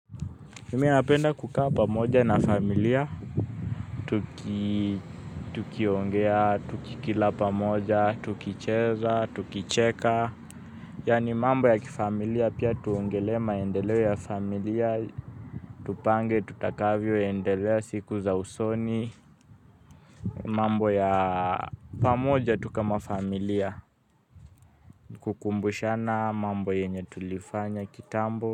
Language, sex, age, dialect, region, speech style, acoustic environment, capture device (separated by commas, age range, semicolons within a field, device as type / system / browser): Swahili, male, 18-24, Kenya - Nairobi Sheng-influenced, Kenya, happy, people talking; traffic, mobile / Linux / Chrome